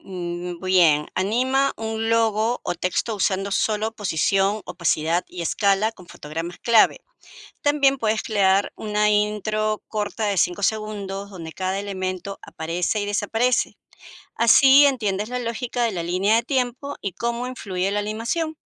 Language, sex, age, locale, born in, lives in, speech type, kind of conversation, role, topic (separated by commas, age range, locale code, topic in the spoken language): Spanish, female, 55-59, es-PE, Peru, United States, read, expert-assistant, expert, ¿Qué herramientas o programas de arte digital son prioritarios para aprender hoy en día?